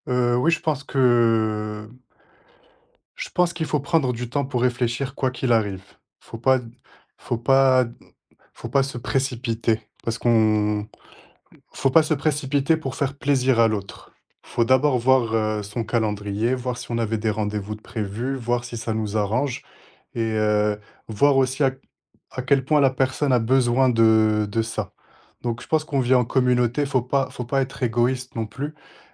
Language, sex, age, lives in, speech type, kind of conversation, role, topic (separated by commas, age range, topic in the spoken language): French, male, 25-29, France, conversation, podcast, guest, Quel conseil donnerais-tu pour apprendre à dire non sans culpabiliser ?